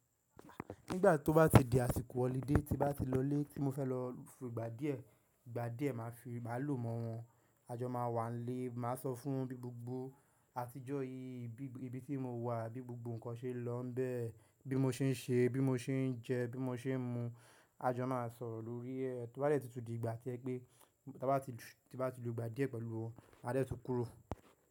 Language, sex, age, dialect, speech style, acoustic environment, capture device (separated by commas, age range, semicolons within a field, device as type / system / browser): Yoruba, male, 18-24, Nigeria - Nigerian Standard, happy, nature, mobile / macOS / Safari